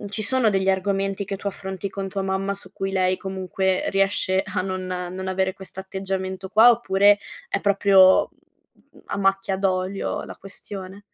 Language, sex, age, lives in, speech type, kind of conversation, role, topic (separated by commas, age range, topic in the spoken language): Italian, female, 25-29, Italy, conversation, advice, advisor, Come posso riconoscere e far rispettare i miei confini personali?